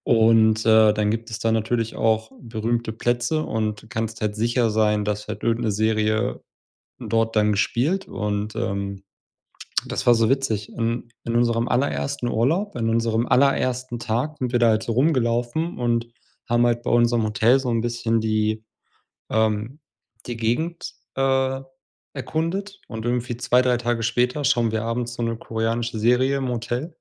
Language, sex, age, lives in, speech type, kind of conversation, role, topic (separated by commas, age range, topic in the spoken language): German, male, 30-34, Germany, conversation, unstructured, none, Welche Musik weckt bei dir sofort Erinnerungen?